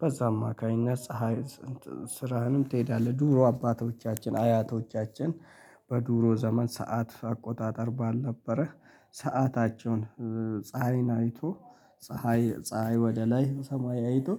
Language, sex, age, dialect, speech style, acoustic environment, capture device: Amharic, male, 25-34, Ethiopia - Addis Ababa, happy; relaxed; excited, nature; people talking, mobile / Linux / Chrome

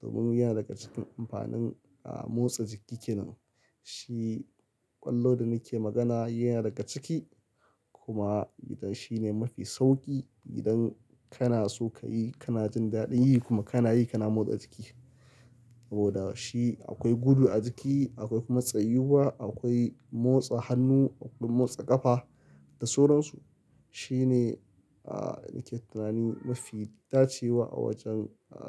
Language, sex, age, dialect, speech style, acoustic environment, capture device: Hausa, male, 25-34, Nigeria - Kano, happy; excited; focused, people talking; nature, mobile / Linux / Chrome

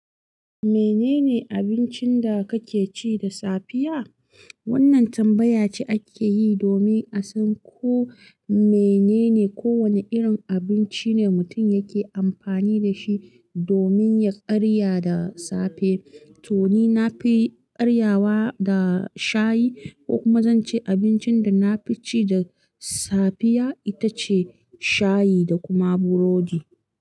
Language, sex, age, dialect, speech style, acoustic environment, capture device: Hausa, female, 25-34, Nigeria - Kano, relaxed; focused, nature; silence, mobile / Linux / Chrome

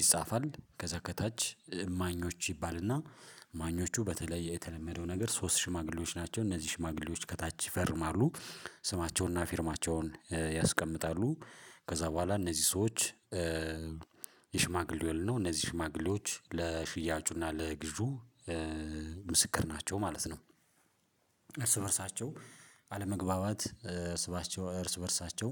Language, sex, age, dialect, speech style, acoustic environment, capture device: Amharic, male, 35-44, Ethiopia - Addis Ababa, happy, silence, mobile / Linux / Chrome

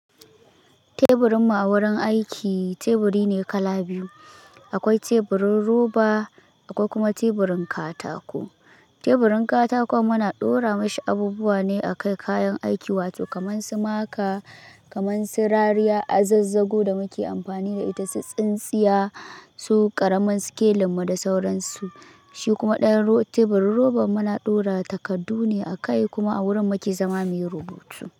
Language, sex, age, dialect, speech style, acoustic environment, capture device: Hausa, male, 18-24, Nigeria - Kano, relaxed; happy; focused; excited, silence, mobile / Linux / Chrome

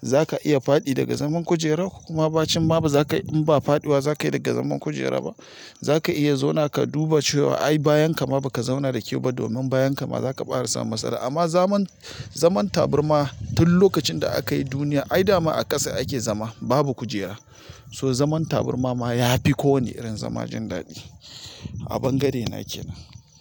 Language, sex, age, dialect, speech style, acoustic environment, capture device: Hausa, male, 35-44, Nigeria - Nigerian Standard, anxious, silence; nature, mobile / Linux / Chrome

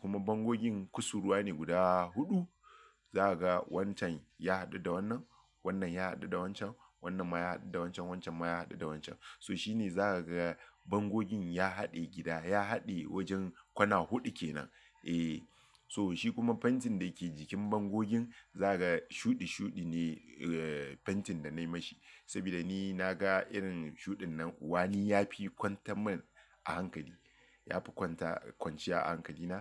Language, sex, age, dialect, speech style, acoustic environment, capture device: Hausa, male, 35-44, Nigeria - Lagos Yoruba, focused, silence, mobile / Linux / Chrome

